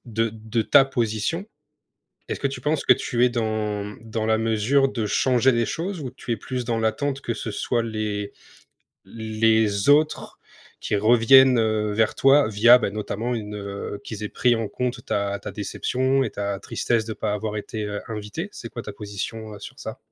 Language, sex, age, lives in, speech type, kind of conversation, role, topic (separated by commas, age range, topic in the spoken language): French, male, 25-29, France, conversation, advice, advisor, Comment parler aux autres du malaise que je ressens quand je me sens exclu(e) lors de fêtes et de célébrations ?